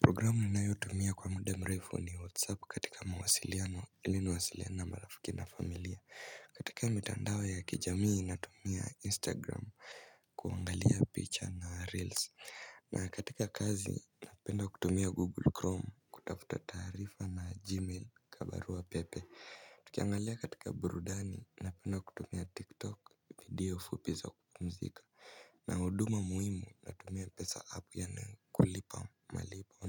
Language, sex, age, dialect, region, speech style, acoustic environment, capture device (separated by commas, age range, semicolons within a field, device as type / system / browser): Swahili, male, 18-24, Kenya - Mombasa, Kenya, stressed, silence, mobile / Linux / Chrome